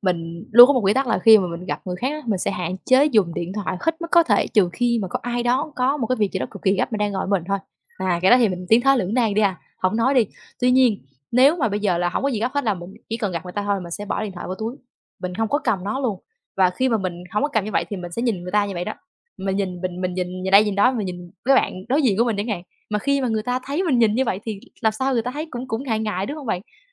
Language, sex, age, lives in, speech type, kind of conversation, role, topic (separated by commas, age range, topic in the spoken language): Vietnamese, female, 20-24, Vietnam, conversation, podcast, guest, Làm sao để cân bằng giữa việc dùng thiết bị và cuộc sống ngoài đời thực, theo bạn?